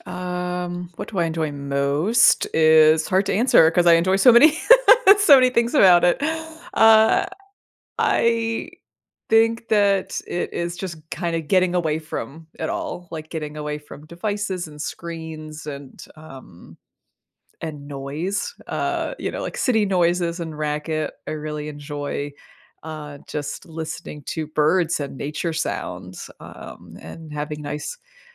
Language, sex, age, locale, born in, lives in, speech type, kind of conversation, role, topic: English, female, 40-44, en-US, United States, United States, conversation, podcast, guest, How has spending time in nature impacted your life or well-being?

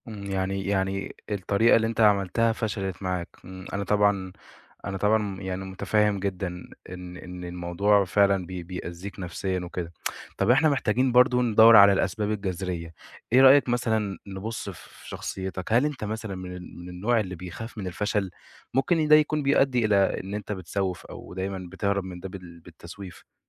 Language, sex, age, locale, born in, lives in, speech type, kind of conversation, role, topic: Arabic, male, 20-24, ar-EG, Egypt, Egypt, conversation, advice, advisor, إزاي أتعامل مع تراجع أدائي في الشغل بسبب التسويف المتكرر؟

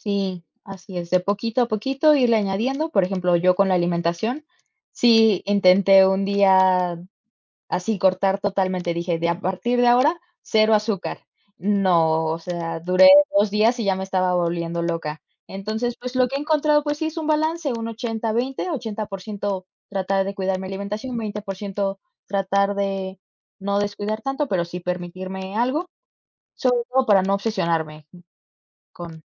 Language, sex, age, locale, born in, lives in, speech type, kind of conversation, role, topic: Spanish, female, 20-24, es-MX, Mexico, Mexico, conversation, unstructured, none, ¿Qué hábito diario te hace sentir más feliz?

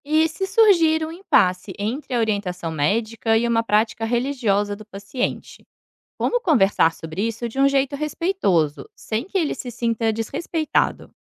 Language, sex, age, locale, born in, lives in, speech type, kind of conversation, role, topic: Portuguese, female, 30-34, pt-BR, Brazil, Portugal, read, expert-assistant, asker, Como abordar crenças religiosas de pacientes de maneira respeitosa na saúde?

